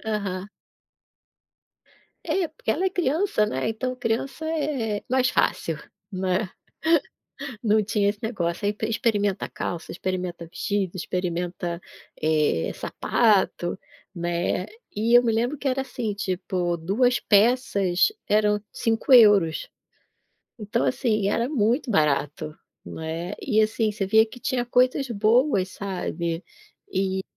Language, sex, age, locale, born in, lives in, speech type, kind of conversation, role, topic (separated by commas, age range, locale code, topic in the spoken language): Portuguese, female, 40-44, pt-BR, Brazil, Portugal, conversation, podcast, guest, Você pode me contar uma boa experiência em um brechó ou bazar?